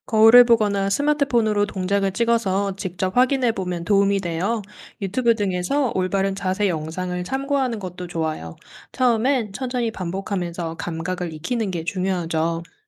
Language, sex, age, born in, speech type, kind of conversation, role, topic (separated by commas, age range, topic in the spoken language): Korean, female, 30-34, South Korea, read, expert-assistant, expert, 집에서 근력 운동을 더 재미있고 효과적으로 할 수 있는 방법이 있을까요?